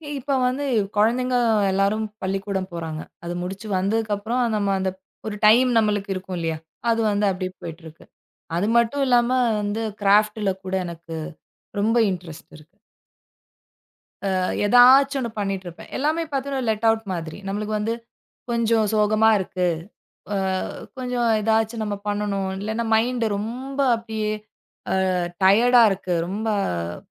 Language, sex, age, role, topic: Tamil, female, 35-39, guest, நீங்கள் தினமும் படைப்புப் பணிக்காக எந்த தினசரி நடைமுறையைப் பின்பற்றுகிறீர்கள்?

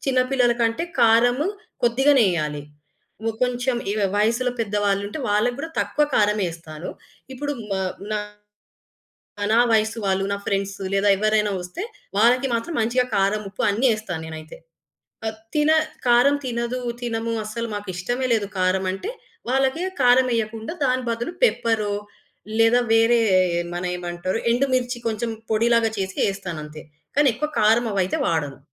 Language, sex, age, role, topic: Telugu, female, 25-29, guest, అతిథులకు ప్రత్యేక ఆహార అవసరాలు ఉంటే మీరు విందును ఎలా ప్రణాళిక చేస్తారు?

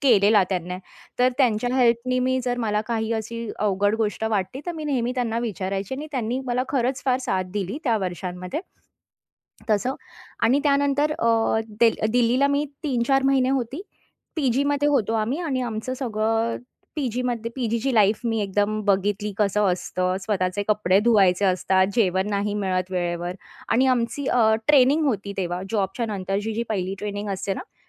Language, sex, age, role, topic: Marathi, female, 30-34, guest, परिस्थिती बदलल्यावर आयुष्यात नव्याने सुरुवात कशी करावी?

